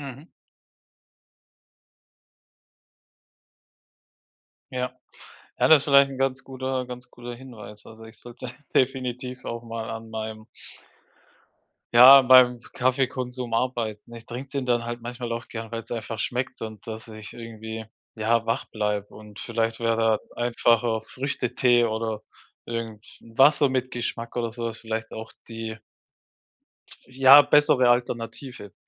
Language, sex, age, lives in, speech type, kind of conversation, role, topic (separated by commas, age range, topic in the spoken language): German, male, 35-39, Germany, conversation, advice, user, Wie kann ich vor der Arbeit Stress reduzieren und klare Ziele setzen?